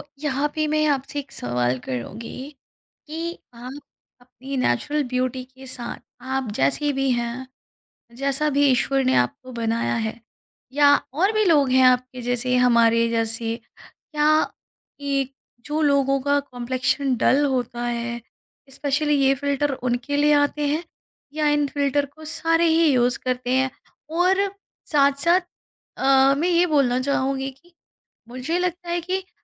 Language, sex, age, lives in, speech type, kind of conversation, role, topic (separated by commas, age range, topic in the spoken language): Hindi, female, 35-39, India, conversation, podcast, host, Filters aur editing tumhari self-image ko kaise badal dete hain?